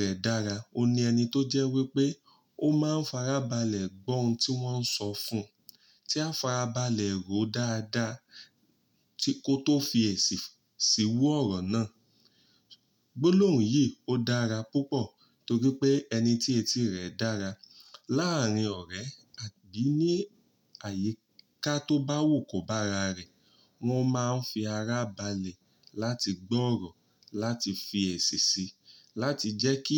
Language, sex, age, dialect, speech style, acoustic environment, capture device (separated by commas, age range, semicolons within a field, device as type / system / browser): Yoruba, male, 35-44, Nigeria - Ibadan, happy, nature, mobile / Linux / Chrome